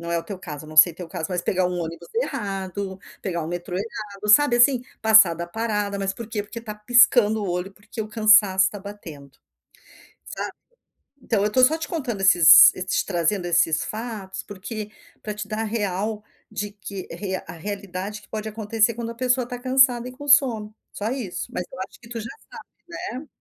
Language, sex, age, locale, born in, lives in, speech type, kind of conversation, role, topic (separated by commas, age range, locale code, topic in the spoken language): Portuguese, female, 55-59, pt-BR, Brazil, United States, conversation, advice, advisor, Como posso sair do ciclo de hábitos automáticos que me prejudicam diariamente?